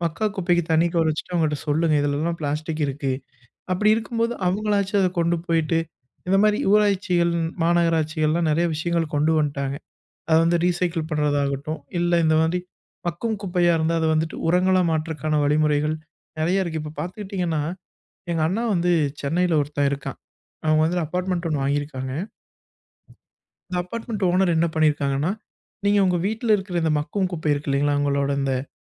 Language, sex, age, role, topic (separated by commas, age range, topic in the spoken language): Tamil, male, 25-29, guest, பிளாஸ்டிக் பயன்பாட்டை குறைக்க எளிய வழிகள் என்ன?